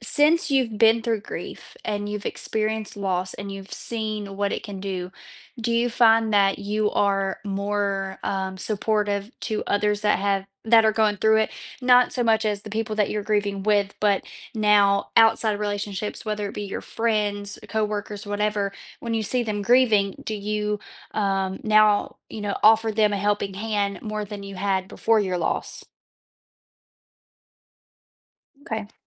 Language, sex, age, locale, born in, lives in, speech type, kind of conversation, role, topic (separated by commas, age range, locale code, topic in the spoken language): English, female, 25-29, en-US, United States, United States, conversation, podcast, host, How can experiencing loss together strengthen our relationships?